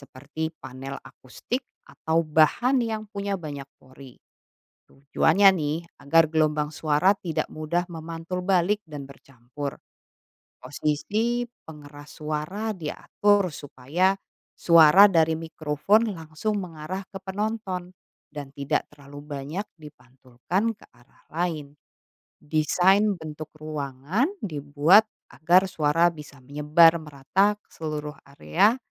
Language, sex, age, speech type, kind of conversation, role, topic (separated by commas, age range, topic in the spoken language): Indonesian, female, 35-39, read, expert-assistant, expert, Bagaimana suara bisa bergerak melalui udara?